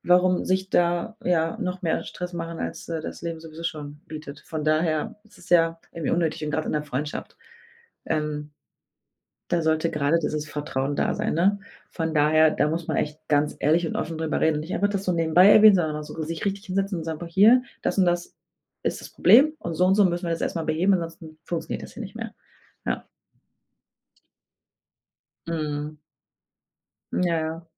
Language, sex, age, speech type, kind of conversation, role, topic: German, female, 25-29, conversation, advice, advisor, Wie kann ich einen Geldkonflikt unter Freunden am besten klären?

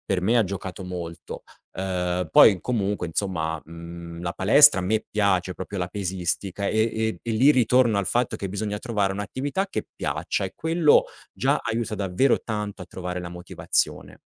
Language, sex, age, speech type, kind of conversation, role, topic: Italian, male, 35-39, conversation, podcast, guest, Come trovi la motivazione per muoverti ogni giorno?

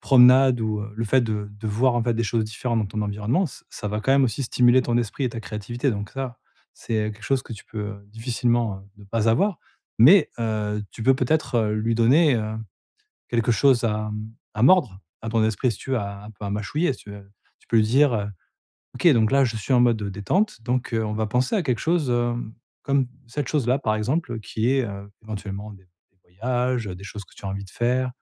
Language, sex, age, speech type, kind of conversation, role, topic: French, male, 40-44, conversation, advice, advisor, Gérer l'agitation mentale pour profiter du temps libre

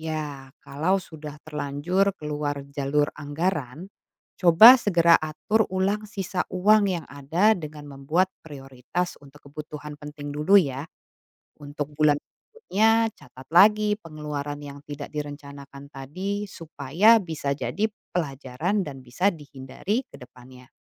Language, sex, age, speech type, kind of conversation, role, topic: Indonesian, female, 35-39, read, expert-assistant, expert, Bagaimana cara mengatur keuangan pribadi untuk pelajar?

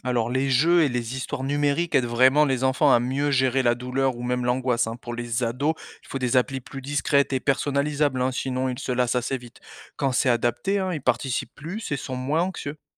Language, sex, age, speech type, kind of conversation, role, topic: French, male, 35-39, read, expert-assistant, expert, Quelles petites innovations pourraient améliorer l’expérience des patients à l’hôpital ?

